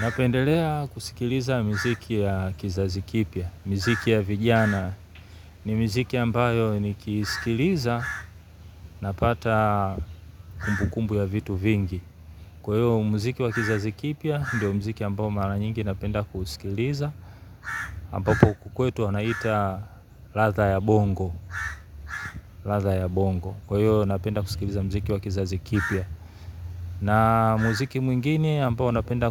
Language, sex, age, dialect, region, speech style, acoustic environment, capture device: Swahili, male, 35-44, Tanzania - Dar es Salaam, Tanzania, happy; relaxed; focused, people talking; nature, mobile / Linux / Chrome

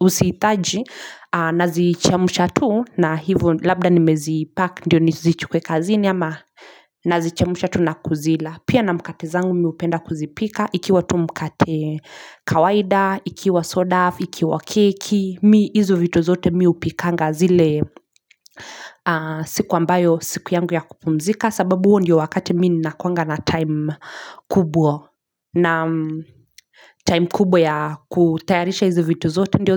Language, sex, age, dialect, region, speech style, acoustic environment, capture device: Swahili, female, 25-34, Kenya - Nairobi Sheng-influenced, Kenya, happy; focused; relaxed, silence, mobile / Linux / Chrome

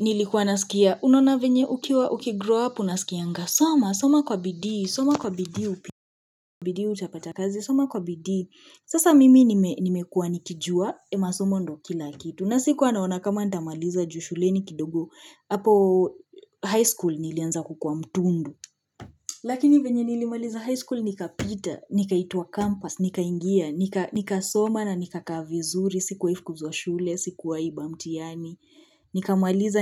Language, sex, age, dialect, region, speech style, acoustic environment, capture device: Swahili, female, 25-34, Kenya - Nairobi Sheng-influenced, Kenya, relaxed, appliances; silence, mobile / Linux / Chrome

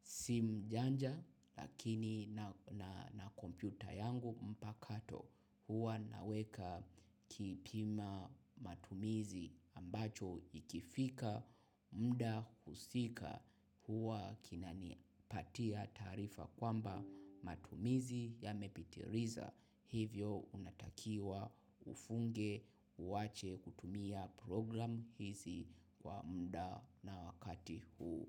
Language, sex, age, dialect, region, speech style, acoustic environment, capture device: Swahili, male, 25-34, Tanzania - Dar es Salaam, Tanzania, happy, silence, mobile / macOS / Safari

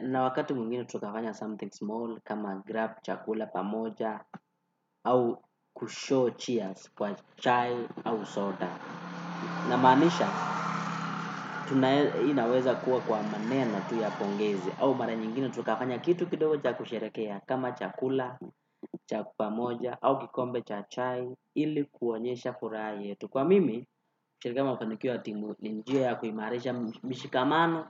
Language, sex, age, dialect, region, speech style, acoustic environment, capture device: Swahili, male, 18-24, Kenya - Nairobi Sheng-influenced, Kenya, happy, people talking, desktop / Windows / Chrome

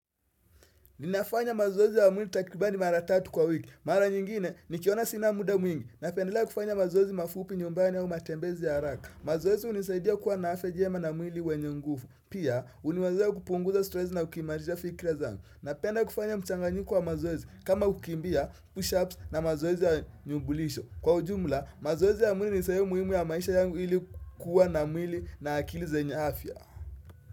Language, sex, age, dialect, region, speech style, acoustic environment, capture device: Swahili, male, 18-24, Kenya - Mombasa, Kenya, happy, silence, desktop / Windows / Chrome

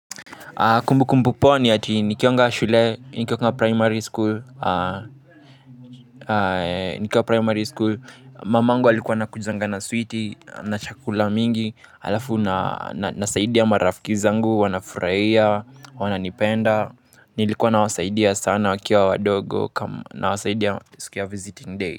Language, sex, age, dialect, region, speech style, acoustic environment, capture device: Swahili, male, 18-24, Kenya - Nairobi Sheng-influenced, Kenya, happy, silence, mobile / Linux / Chrome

